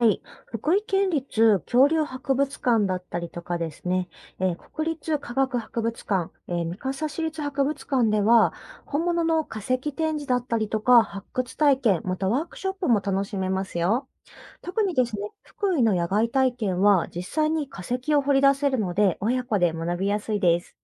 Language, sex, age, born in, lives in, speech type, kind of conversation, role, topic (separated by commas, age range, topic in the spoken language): Japanese, female, 30-34, Japan, Japan, read, expert-assistant, expert, 恐竜や太古の生物に関する展示やイベントを体験できる、おすすめの博物館や施設はどこですか？